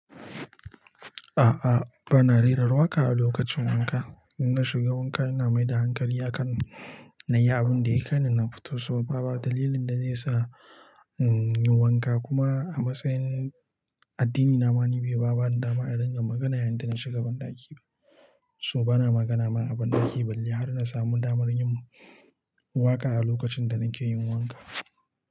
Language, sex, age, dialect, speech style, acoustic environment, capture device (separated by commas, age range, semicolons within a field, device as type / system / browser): Hausa, male, 25-34, Nigeria - Nigerian Standard, happy; relaxed, silence, mobile / Linux / Chrome